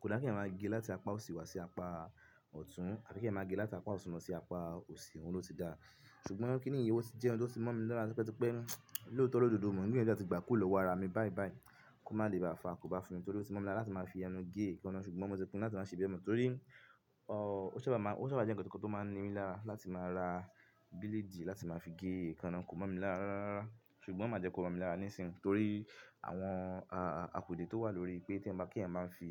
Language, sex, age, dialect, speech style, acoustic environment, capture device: Yoruba, male, 25-34, Nigeria - Ibadan, focused, silence; people talking; music, mobile / Linux / Chrome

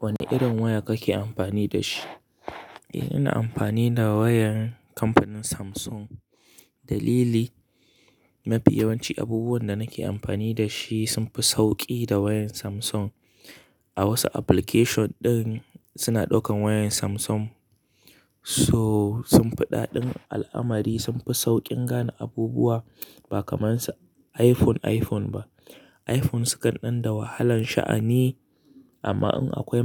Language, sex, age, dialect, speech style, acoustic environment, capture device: Hausa, male, 25-34, Nigeria - Kano, excited; happy; relaxed, nature, mobile / Linux / Chrome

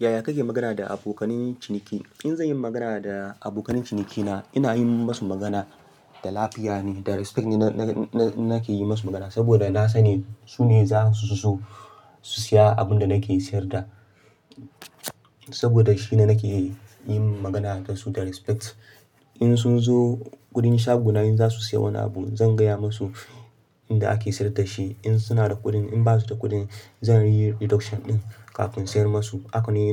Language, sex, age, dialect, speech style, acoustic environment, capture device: Hausa, male, 25-34, Nigeria - Ibadan, excited, nature, mobile / Linux / Chrome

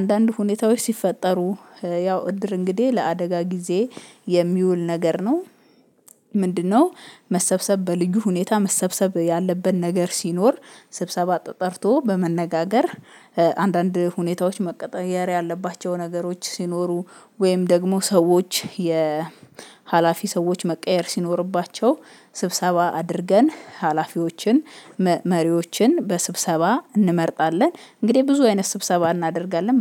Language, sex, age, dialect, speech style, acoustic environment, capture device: Amharic, female, 25-34, Ethiopia - Addis Ababa, happy, silence, mobile / Linux / Chrome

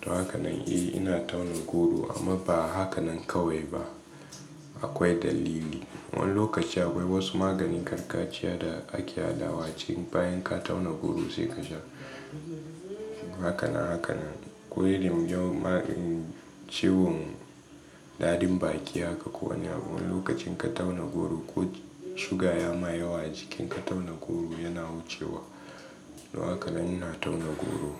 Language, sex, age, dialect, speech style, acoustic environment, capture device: Hausa, male, 18-24, Nigeria - Katsina, relaxed, nature, mobile / Linux / Chrome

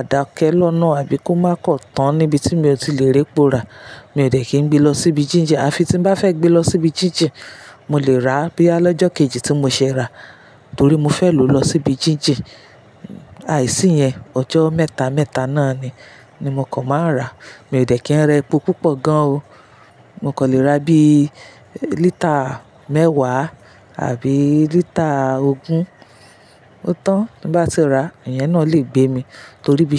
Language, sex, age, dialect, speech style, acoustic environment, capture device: Yoruba, female, 35-44, Nigeria - Lagos Yoruba, relaxed, appliances, mobile / Linux / Chrome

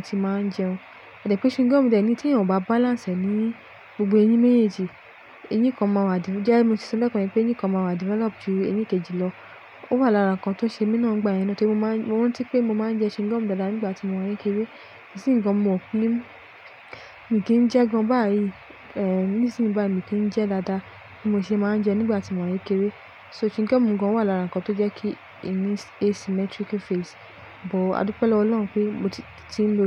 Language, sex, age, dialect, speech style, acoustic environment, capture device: Yoruba, female, 25-34, Nigeria - Lagos Yoruba, relaxed, appliances, mobile / Linux / Chrome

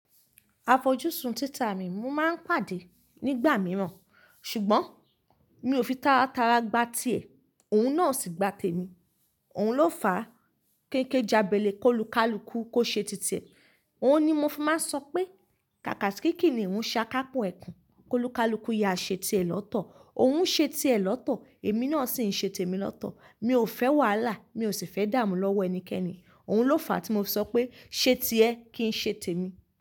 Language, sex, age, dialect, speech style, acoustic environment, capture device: Yoruba, female, 25-34, Nigeria - Ibadan, relaxed; focused, nature; silence; appliances, mobile / Linux / Chrome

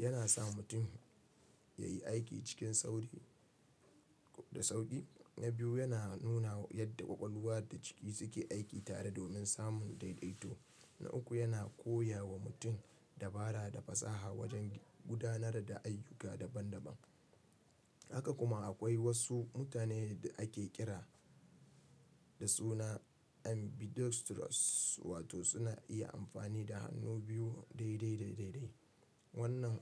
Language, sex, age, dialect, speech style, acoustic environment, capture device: Hausa, male, 25-34, Nigeria - Kano, relaxed, nature, mobile / Linux / Chrome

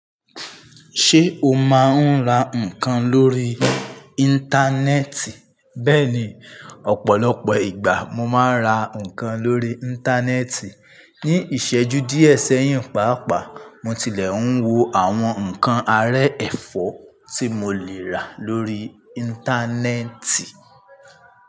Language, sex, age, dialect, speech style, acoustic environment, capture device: Yoruba, male, 25-34, Nigeria - Ibadan, focused; stressed; tired; happy, nature; people talking; traffic; appliances, mobile / Linux / Chrome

